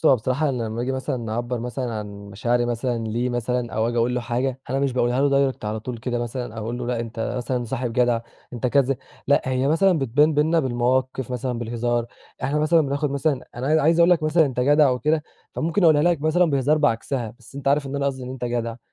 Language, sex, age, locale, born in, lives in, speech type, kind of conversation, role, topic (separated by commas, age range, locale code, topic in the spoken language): Arabic, male, 20-24, ar-EG, Egypt, Egypt, conversation, podcast, guest, احكيلي عن صديق كان ليه تأثير كبير في حياتك؟